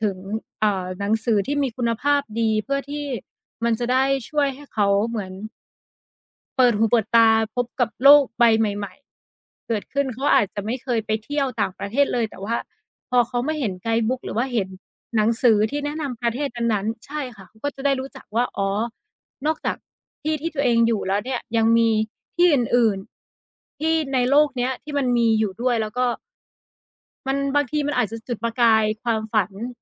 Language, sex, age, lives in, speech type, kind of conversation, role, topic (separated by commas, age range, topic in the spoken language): Thai, female, 30-34, Thailand, conversation, unstructured, none, ถ้าไม่มีข้อจำกัดเรื่องเงิน คุณอยากทำอะไรในชีวิต?